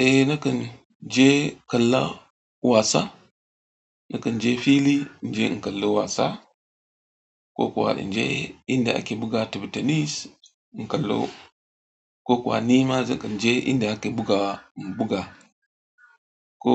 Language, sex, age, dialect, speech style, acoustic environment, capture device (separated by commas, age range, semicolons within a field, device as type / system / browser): Hausa, male, 35-44, Nigeria - Katsina, happy, silence, mobile / Android / Chrome